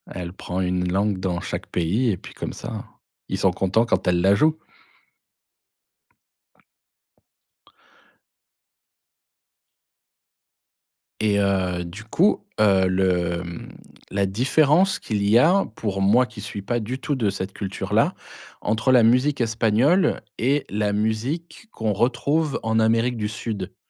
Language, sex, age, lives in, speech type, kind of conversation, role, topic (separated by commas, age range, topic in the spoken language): French, male, 40-44, France, conversation, podcast, host, As-tu des chansons que tu écoutes pour te reconnecter à tes racines ?